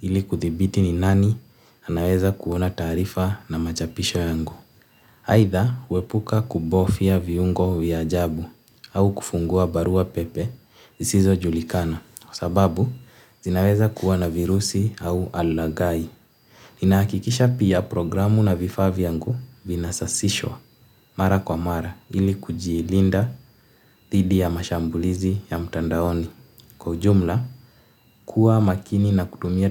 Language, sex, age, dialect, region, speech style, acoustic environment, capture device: Swahili, male, 18-24, Kenya - Nairobi Sheng-influenced, Kenya, happy, silence, mobile / Linux / Chrome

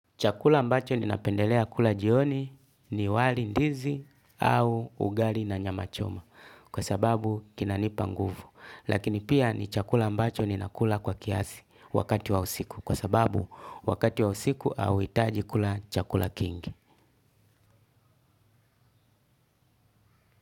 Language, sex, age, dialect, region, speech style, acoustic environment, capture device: Swahili, male, 35-44, Tanzania - Dar es Salaam, Tanzania, relaxed, music, desktop / Linux / Chrome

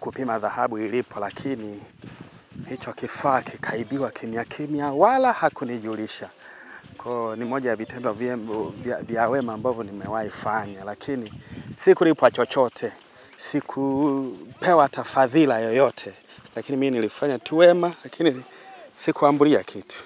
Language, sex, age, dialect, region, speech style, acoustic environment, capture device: Swahili, male, 25-34, Tanzania - Dar es Salaam, Tanzania, excited, nature, mobile / Linux / Chrome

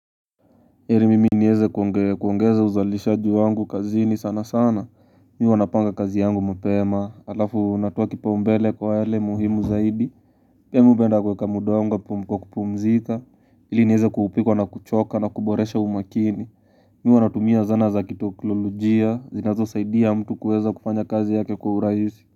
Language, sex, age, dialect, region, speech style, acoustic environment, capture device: Swahili, male, 18-24, Kenya - Nairobi Sheng-influenced, Kenya, relaxed, silence, mobile / Linux / Chrome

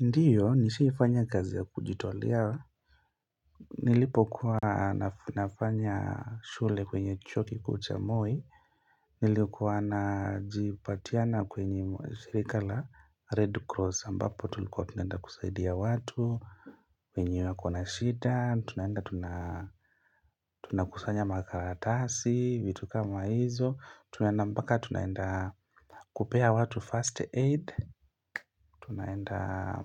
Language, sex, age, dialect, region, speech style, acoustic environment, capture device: Swahili, male, 25-34, Kenya - Mombasa, Kenya, happy, silence; music, mobile / Linux / Chrome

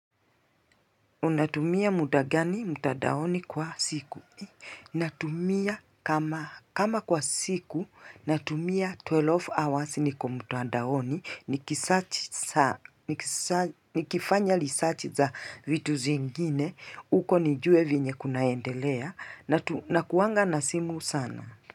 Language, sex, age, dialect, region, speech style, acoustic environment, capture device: Swahili, female, 35-44, Kenya - Mombasa, Kenya, excited, people talking, mobile / Linux / Chrome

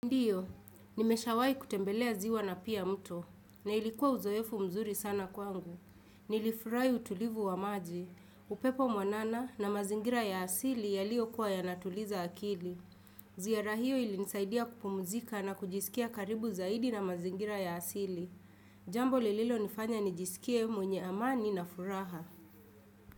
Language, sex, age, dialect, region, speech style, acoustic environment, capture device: Swahili, female, 25-34, Kenya - Nairobi Sheng-influenced, Kenya, relaxed, silence, desktop / Windows / Chrome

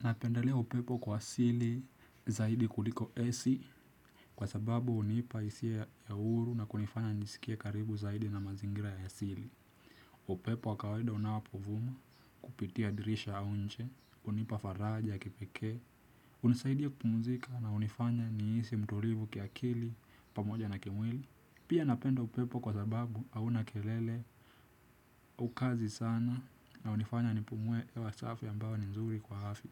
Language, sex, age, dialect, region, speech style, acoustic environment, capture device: Swahili, male, 18-24, Kenya - Nairobi Sheng-influenced, Kenya, relaxed; focused, people talking; music; silence; nature, desktop / Windows / Chrome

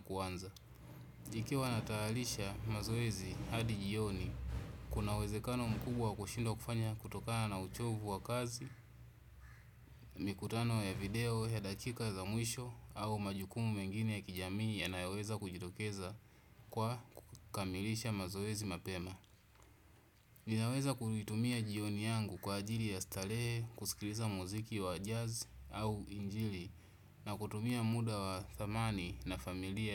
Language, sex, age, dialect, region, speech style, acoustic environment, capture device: Swahili, male, 25-34, Tanzania - Dar es Salaam, Tanzania, relaxed, people talking, desktop / Windows / Chrome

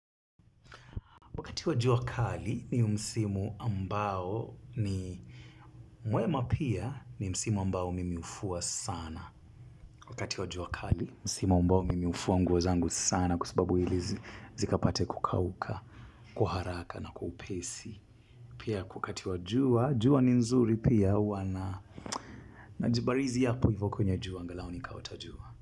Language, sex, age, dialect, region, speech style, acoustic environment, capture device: Swahili, male, 25-34, Kenya - Mombasa, Kenya, happy; relaxed, silence, mobile / Linux / Chrome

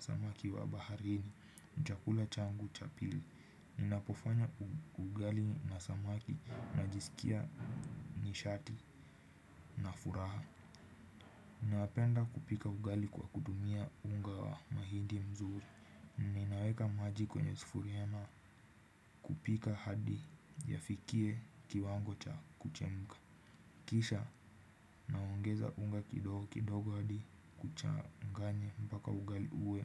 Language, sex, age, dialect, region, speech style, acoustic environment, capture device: Swahili, male, 18-24, Kenya - Nairobi Sheng-influenced, Kenya, relaxed, silence, desktop / Windows / Chrome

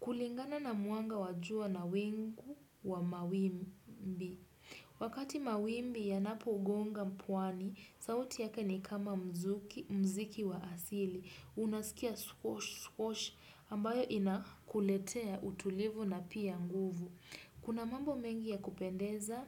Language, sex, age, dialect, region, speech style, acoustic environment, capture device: Swahili, female, 18-24, Kenya - Nairobi Sheng-influenced, Kenya, relaxed, silence, desktop / Windows / Chrome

